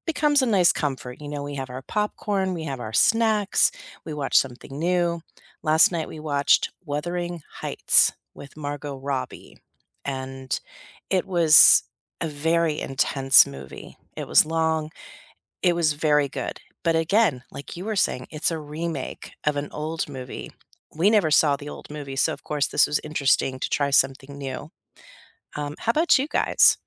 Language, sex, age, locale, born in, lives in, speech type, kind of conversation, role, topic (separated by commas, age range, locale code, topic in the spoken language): English, female, 50-54, en-US, United States, United States, conversation, unstructured, none, When you need a pick-me-up, should you rewatch comfort movies or try something new?